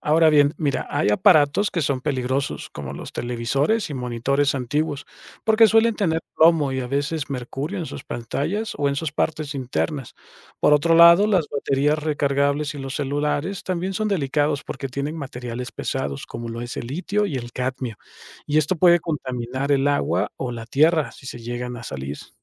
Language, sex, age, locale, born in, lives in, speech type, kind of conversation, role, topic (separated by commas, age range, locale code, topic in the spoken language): Spanish, male, 35-39, es-MX, Mexico, Mexico, read, expert-assistant, expert, ¿Por qué es importante reciclar los residuos electrónicos?